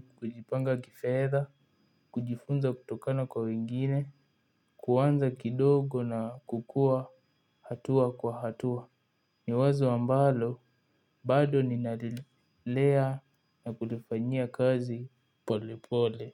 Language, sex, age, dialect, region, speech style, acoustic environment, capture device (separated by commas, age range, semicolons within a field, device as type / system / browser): Swahili, male, 18-24, Kenya - Nairobi Sheng-influenced, Kenya, focused, appliances; nature, mobile / Linux / Chrome